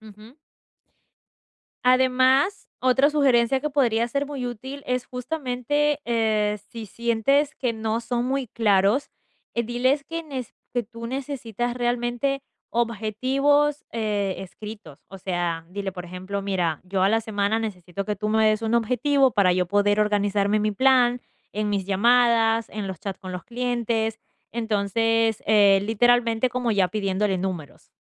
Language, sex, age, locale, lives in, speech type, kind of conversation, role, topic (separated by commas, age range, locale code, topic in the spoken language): Spanish, female, 20-24, es-EC, United States, conversation, advice, advisor, ¿Cómo puedo pedir retroalimentación útil y establecer objetivos claros de crecimiento?